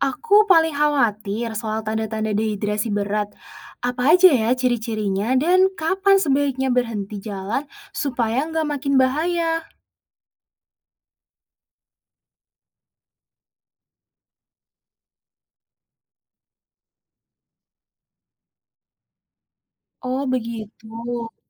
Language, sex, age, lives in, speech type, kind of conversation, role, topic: Indonesian, female, 20-24, Indonesia, read, expert-assistant, asker, Benarkah tidak perlu membawa alat filter air saat mendaki di pegunungan Indonesia karena sumber air pegunungan dianggap selalu bersih? Apa fakta sebenarnya dan risiko yang mungkin terjadi?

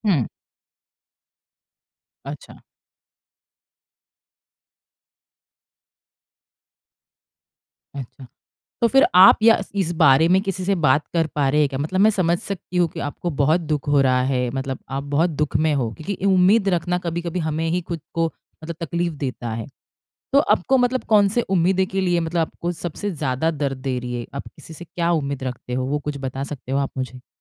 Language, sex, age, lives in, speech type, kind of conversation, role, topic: Hindi, female, 30-34, India, conversation, advice, advisor, मैं टूटे रिश्ते और खोई हुई उम्मीदों का शोक कैसे संभालूँ?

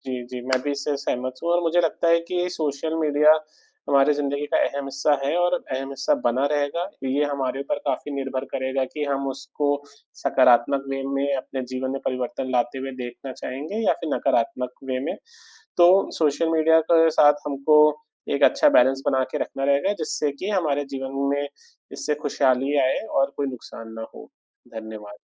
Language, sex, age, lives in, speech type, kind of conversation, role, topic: Hindi, male, 25-29, India, conversation, unstructured, none, आपके जीवन पर सामाजिक माध्यमों का क्या प्रभाव पड़ता है?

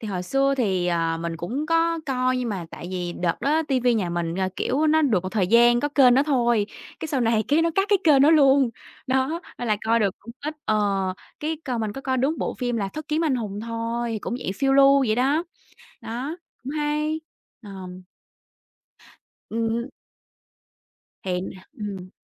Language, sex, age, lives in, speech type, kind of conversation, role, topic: Vietnamese, female, 25-29, Vietnam, conversation, unstructured, none, Bộ phim hoạt hình nào bạn xem hồi nhỏ mà đến giờ vẫn nhớ mãi?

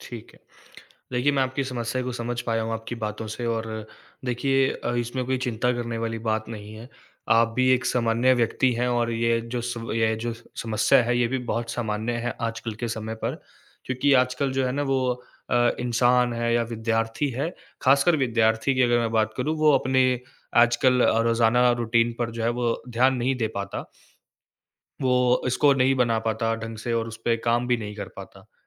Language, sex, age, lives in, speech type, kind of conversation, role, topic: Hindi, male, 20-24, India, conversation, advice, advisor, मैं रोज़ समय पर उठकर नियमित दिनचर्या क्यों नहीं बना पाता/पाती हूँ?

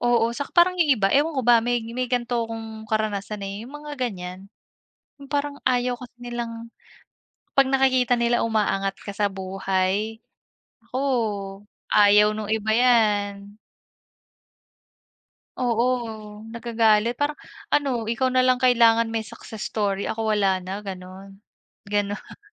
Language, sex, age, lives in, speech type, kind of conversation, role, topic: Filipino, female, 30-34, Philippines, conversation, unstructured, none, Ano ang nararamdaman mo kapag may taong naninira sa likod mo?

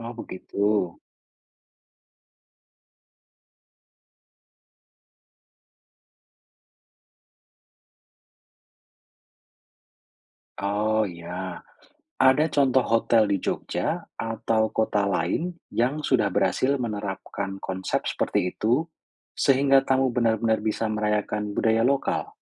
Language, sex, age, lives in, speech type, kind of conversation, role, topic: Indonesian, male, 35-39, Indonesia, read, expert-assistant, asker, Menurut kamu, seperti apa cara kreatif memadukan budaya lokal dengan layanan hotel biar wisatawan makin betah?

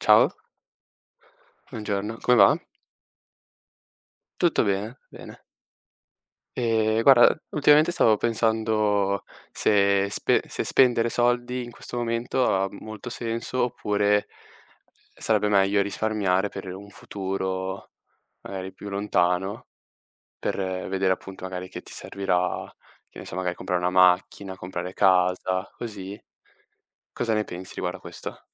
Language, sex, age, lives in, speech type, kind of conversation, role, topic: Italian, male, 18-19, Italy, conversation, unstructured, none, Preferisci risparmiare o spendere subito?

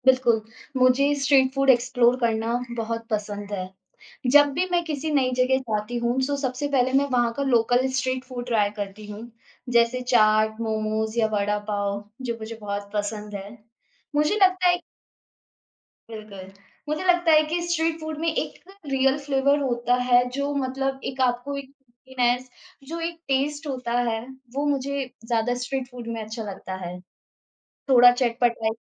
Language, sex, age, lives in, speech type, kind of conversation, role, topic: Hindi, female, 20-24, India, conversation, podcast, guest, Street food ya home cooking mein tum kya explore karte ho?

